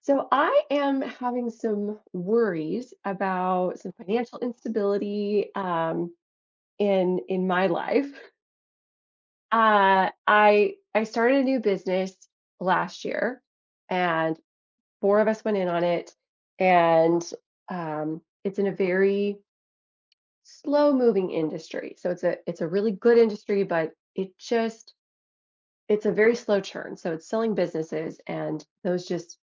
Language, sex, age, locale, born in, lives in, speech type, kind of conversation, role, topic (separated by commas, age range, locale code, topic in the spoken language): English, female, 35-39, en-US, United States, United States, conversation, advice, user, How can I build a simple budget and emergency fund to handle unexpected expenses?